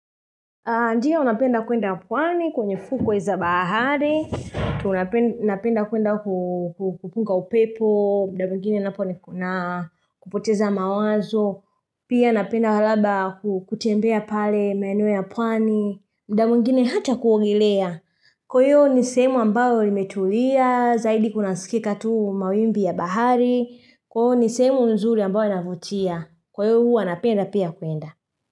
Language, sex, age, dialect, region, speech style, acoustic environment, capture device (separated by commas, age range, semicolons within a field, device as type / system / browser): Swahili, female, 25-34, Tanzania - Dar es Salaam, Tanzania, happy, nature; silence, mobile / Linux / Chrome